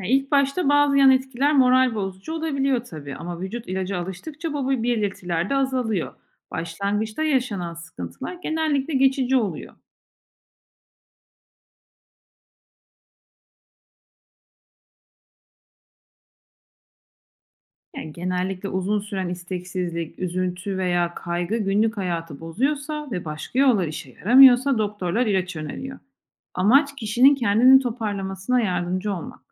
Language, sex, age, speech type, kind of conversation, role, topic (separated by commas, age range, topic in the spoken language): Turkish, female, 40-44, read, expert-assistant, expert, Antidepresanlar bağımlılık yapar mı, yoksa bu bir şehir efsanesi mi?